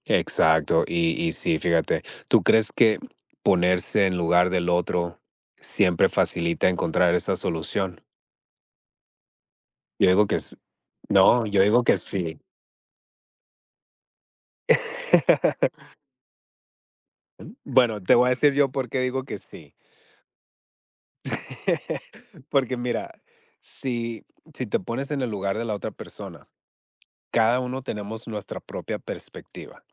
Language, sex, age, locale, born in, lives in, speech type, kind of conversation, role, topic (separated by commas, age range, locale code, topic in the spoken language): Spanish, male, 40-44, es-MX, United States, United States, conversation, unstructured, none, ¿Cómo influye la empatía en la resolución de un conflicto?